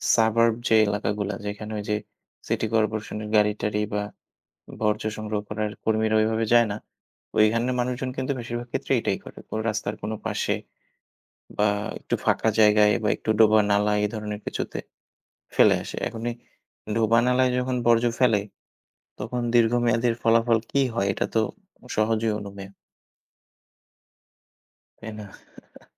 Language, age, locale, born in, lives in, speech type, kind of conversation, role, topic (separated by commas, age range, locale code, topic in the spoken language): Bengali, 30-34, bn-BD, Bangladesh, Bangladesh, conversation, unstructured, none, জলবায়ু পরিবর্তন সম্পর্কে সাধারণ মানুষের সচেতনতা কতটা?